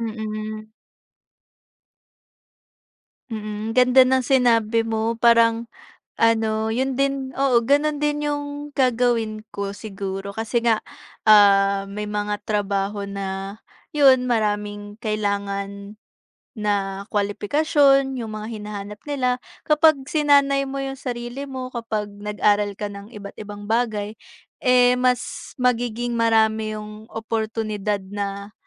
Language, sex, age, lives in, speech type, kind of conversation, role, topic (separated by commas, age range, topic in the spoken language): Filipino, female, 20-24, Philippines, conversation, unstructured, none, Kung bigla kang magkaroon ng kakayahang patigilin ang oras, paano mo ito gagamitin sa araw-araw?